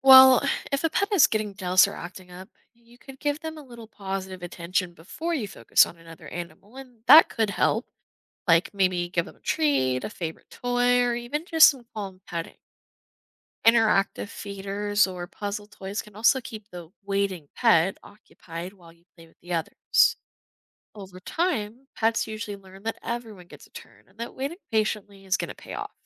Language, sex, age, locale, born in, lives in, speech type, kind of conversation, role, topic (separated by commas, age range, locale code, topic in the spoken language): English, female, 20-24, en-US, United States, United States, read, expert-assistant, expert, How can routines be coordinated for pets with different needs?